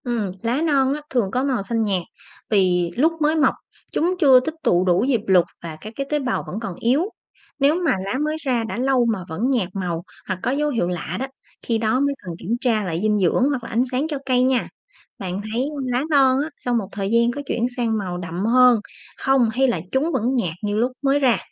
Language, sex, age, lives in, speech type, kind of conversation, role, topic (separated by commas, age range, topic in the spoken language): Vietnamese, female, 30-34, Vietnam, read, expert-assistant, expert, Tại sao lá cây lại có màu xanh?